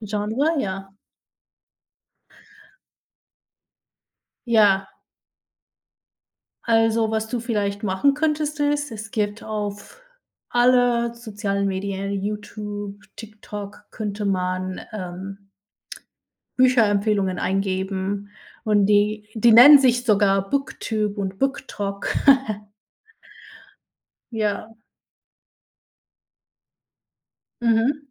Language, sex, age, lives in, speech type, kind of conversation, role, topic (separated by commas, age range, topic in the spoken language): German, female, 30-34, United States, conversation, unstructured, none, Gibt es ein Hobby, das dich besonders glücklich macht?